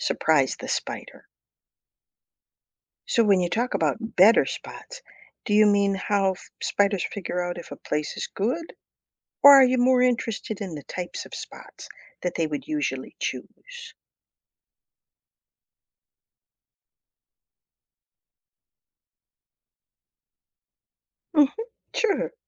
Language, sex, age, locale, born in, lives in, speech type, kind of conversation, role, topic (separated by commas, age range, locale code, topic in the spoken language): English, female, 60-64, en-US, United States, United States, read, expert-assistant, expert, How do spiders spin webs?